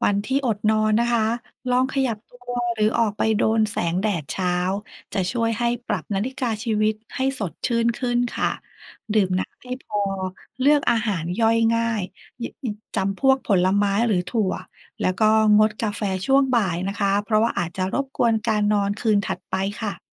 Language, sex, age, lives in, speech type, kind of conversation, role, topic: Thai, female, 40-44, Thailand, read, expert-assistant, expert, เมื่อต้องเลือกพฤติกรรมสุขภาพแบบเร่งด่วน เช่น การนอนหลับที่เพียงพอ, การออกกำลังกาย, หรือการควบคุมอาหาร อะไรควรจัดเป็นลำดับแรกในการดูแลร่างกายและเพราะอะไร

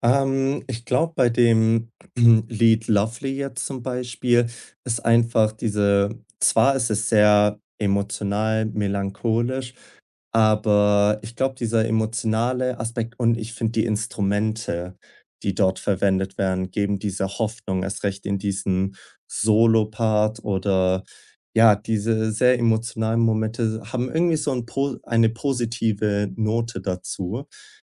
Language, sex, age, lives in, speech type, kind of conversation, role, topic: German, male, 20-24, Germany, conversation, podcast, guest, Welcher Song hat dir schon einmal durch eine schwere Zeit geholfen?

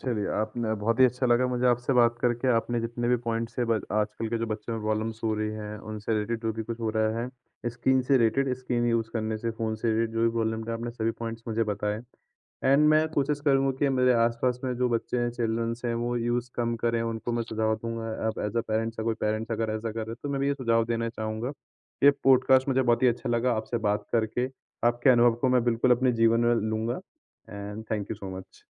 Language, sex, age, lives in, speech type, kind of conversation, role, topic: Hindi, male, 20-24, India, conversation, podcast, host, Aap apne bachchon ko zyada screen use aur jaankari ki bharmaar se kaise bachate ho?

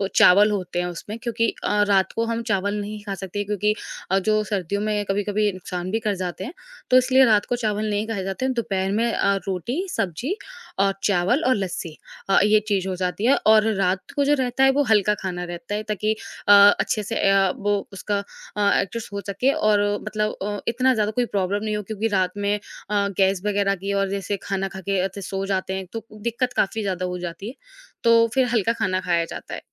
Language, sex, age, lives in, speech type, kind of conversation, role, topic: Hindi, female, 20-24, India, conversation, podcast, guest, Aapke ghar mein khana banane aur khane ke chhote-chhote rituals kaise hote hain?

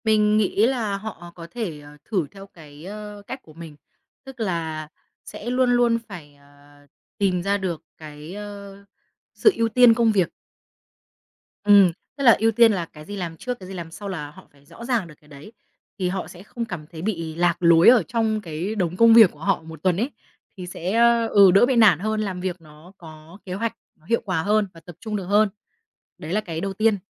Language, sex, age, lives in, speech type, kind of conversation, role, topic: Vietnamese, female, 25-29, Vietnam, conversation, podcast, guest, Thói quen nào giúp bạn dễ vào trạng thái tập trung sâu?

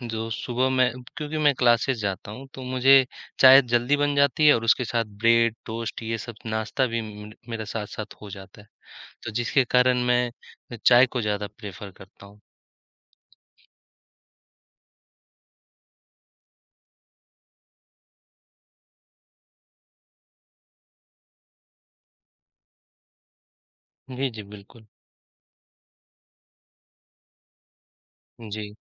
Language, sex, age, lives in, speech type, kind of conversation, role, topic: Hindi, male, 25-29, India, conversation, unstructured, none, क्या आप सुबह चाय पसंद करते हैं या कॉफी, और क्यों?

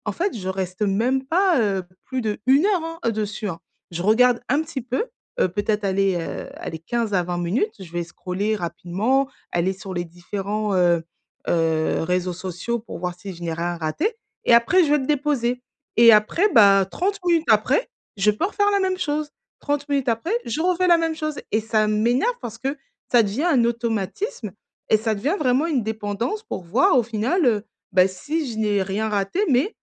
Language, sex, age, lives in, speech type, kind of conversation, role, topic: French, female, 35-39, France, conversation, advice, user, Comment puis-je mieux résister aux impulsions immédiates au travail ou à la maison ?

